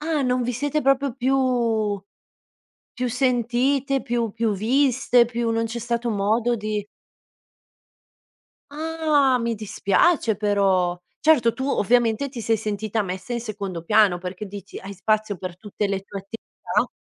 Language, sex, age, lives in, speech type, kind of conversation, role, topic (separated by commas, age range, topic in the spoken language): Italian, female, 30-34, Italy, conversation, podcast, host, Come gestisci un litigio con un amico?